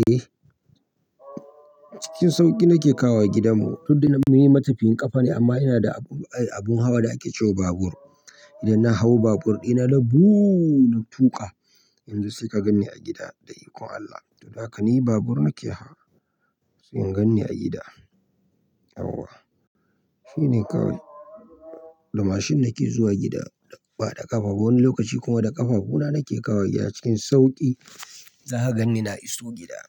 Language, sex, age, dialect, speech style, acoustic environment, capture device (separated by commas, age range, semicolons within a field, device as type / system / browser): Hausa, male, 25-34, Nigeria - Nigerian Standard, happy, people talking; silence, mobile / Linux / Chrome